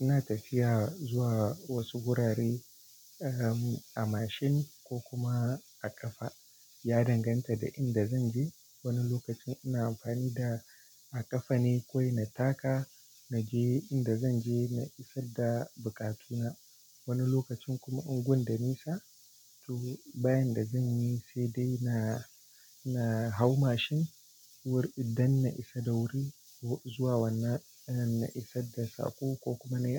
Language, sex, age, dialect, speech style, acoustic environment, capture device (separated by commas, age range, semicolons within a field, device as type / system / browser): Hausa, male, 18-24, Nigeria - Kano, focused; excited; relaxed; happy, nature, desktop / Linux / Chrome